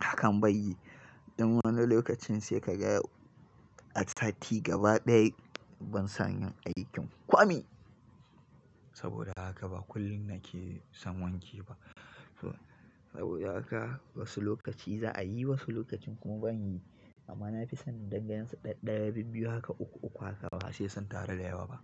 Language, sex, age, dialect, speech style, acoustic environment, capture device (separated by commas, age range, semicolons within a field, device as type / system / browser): Hausa, male, 25-34, Nigeria - Kano, happy; nervous; excited; tired, nature; construction; appliances; people talking; traffic, mobile / Linux / Chrome